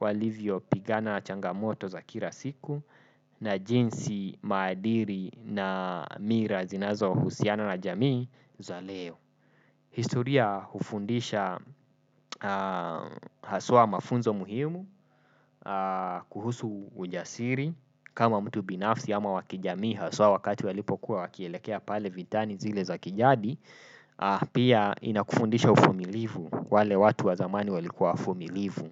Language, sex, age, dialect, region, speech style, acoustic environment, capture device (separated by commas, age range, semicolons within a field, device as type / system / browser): Swahili, male, 25-34, Kenya - Nairobi Sheng-influenced, Kenya, relaxed, nature, desktop / Windows / Chrome